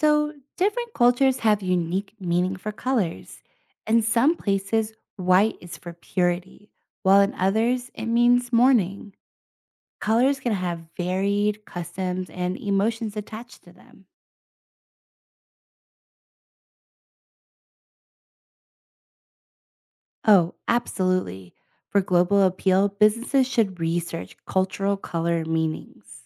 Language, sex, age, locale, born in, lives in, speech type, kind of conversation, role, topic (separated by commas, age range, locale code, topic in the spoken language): English, female, 30-34, en-US, United States, United States, read, expert-assistant, expert, How do colors influence mood and emotions?